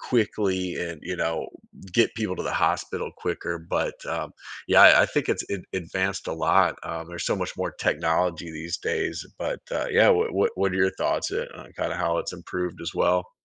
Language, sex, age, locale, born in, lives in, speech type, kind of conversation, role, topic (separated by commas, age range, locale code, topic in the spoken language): English, male, 40-44, en-US, United States, United States, conversation, podcast, guest, What medical advancements have had the biggest impact on our lives?